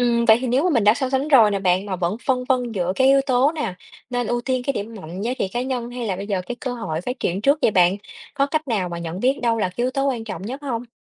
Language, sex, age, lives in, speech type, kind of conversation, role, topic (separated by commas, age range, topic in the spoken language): Vietnamese, female, 30-34, Vietnam, read, expert-assistant, asker, "Công việc phù hợp" được hiểu thế nào khi lập kế hoạch nghề nghiệp? Có phải chỉ cần hợp sở thích là đủ?